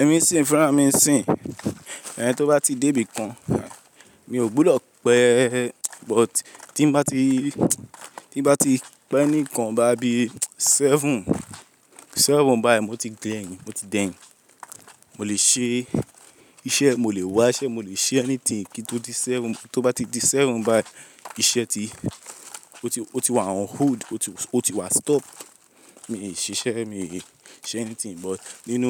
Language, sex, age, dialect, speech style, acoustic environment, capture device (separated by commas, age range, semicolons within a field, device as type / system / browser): Yoruba, male, 18-24, Nigeria - Lagos, relaxed, people talking; appliances, mobile / Linux / Chrome